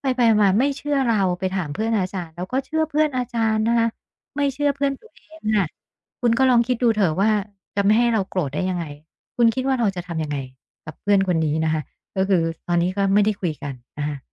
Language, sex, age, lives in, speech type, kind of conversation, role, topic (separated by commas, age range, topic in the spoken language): Thai, female, 50-54, Thailand, conversation, advice, user, คุณทะเลาะกับเพื่อนสนิทเรื่องอะไร และอยากให้ความสัมพันธ์ต่อจากนี้เป็นอย่างไร?